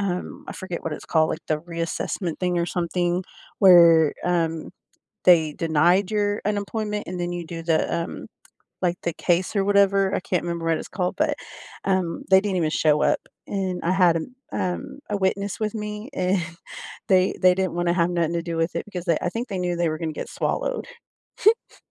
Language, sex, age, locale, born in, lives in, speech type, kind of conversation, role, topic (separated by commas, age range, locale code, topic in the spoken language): English, female, 35-39, en-US, United States, United States, conversation, unstructured, none, How can you manage meeting overload without burning bridges, protecting your focus while keeping relationships strong?